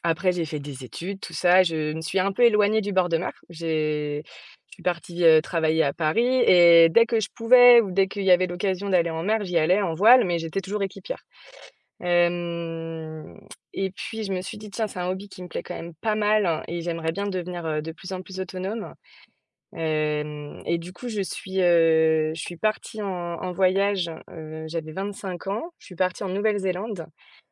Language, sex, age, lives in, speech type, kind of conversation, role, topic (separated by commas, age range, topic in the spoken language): French, female, 30-34, France, conversation, podcast, guest, Qu’est-ce que ce hobby t’a appris sur toi-même ?